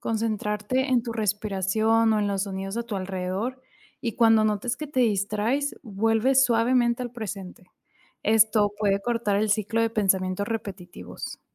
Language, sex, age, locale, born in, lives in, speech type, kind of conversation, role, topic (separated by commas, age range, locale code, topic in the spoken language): Spanish, female, 25-29, es-MX, Mexico, Mexico, read, expert-assistant, expert, ¿Cuál es la mejor manera de aprender a manejar el estrés en la vida diaria?